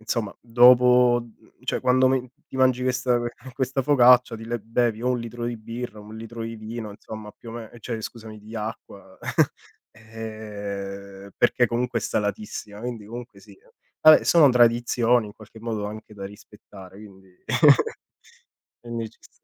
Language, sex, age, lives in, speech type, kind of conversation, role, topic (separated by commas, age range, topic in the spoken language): Italian, male, 30-34, Italy, conversation, unstructured, none, Tra pizza e pasta, quale preferisci?